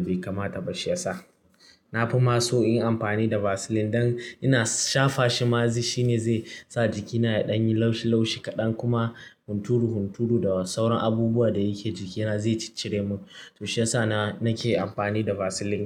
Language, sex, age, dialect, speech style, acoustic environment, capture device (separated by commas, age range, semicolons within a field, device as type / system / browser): Hausa, male, 18-24, Nigeria - Kano, tired, nature, mobile / Linux / Chrome